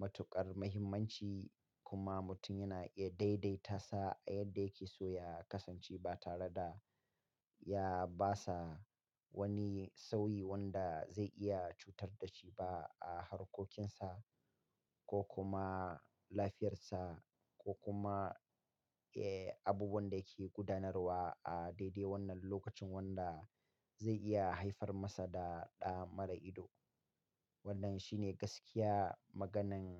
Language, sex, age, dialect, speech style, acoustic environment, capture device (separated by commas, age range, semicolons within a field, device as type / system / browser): Hausa, male, 35-44, Nigeria - Katsina, happy; relaxed; focused; excited, silence; nature, mobile / Linux / Chrome